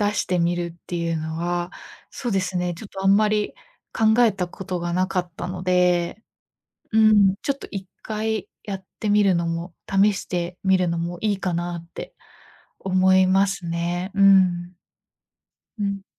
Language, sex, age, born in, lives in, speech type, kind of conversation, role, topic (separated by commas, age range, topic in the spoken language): Japanese, female, 25-29, Japan, Japan, conversation, advice, user, 完璧を求めすぎていつも疲れてしまうのは、どうしたら楽になりますか？